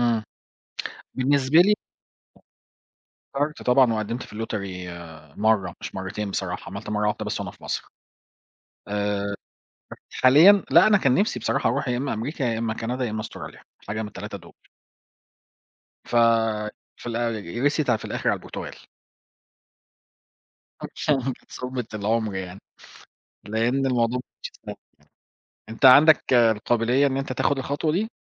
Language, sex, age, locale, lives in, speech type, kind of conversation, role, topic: Arabic, male, 40-44, ar-EG, Portugal, conversation, unstructured, none, إزاي بتتعامل مع الفشل وإنت بتسعى تحقق أهدافك؟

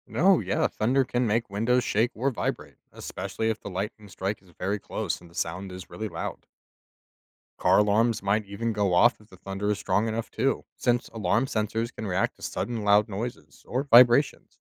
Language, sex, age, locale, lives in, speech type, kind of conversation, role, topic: English, male, 25-29, en-US, United States, read, expert-assistant, expert, Why does lightning appear before thunder is heard?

